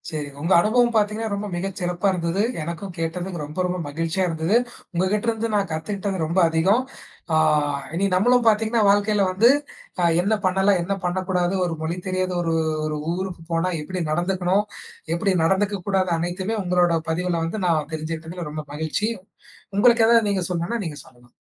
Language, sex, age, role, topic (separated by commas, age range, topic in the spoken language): Tamil, male, 20-24, host, உங்கள் வாழ்க்கையை மாற்றிய ஒரு பயண அனுபவத்தைப் பற்றி சொல்ல முடியுமா?